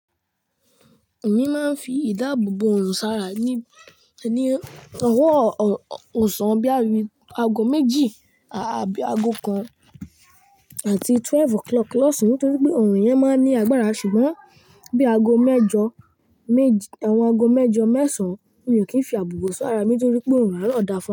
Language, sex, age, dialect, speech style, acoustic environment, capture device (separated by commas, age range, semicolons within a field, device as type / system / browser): Yoruba, male, 18-24, Nigeria - Lagos Yoruba, relaxed, nature, mobile / Linux / Chrome